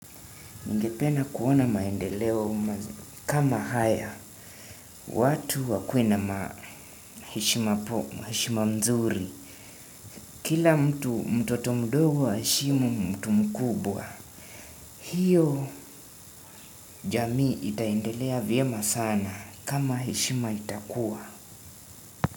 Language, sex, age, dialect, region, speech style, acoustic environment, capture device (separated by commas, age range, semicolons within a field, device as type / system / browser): Swahili, male, 18-24, Kenya - Nairobi Sheng-influenced, Kenya, anxious, nature, desktop / Windows / Chrome